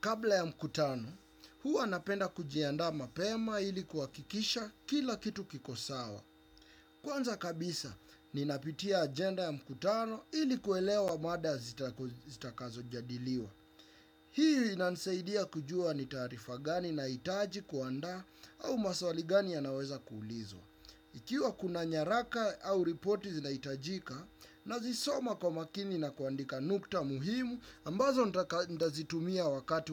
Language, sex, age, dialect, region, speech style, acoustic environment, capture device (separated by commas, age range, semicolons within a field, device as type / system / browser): Swahili, male, 25-34, Kenya - Nairobi Sheng-influenced, Kenya, happy, silence, desktop / Windows / Chrome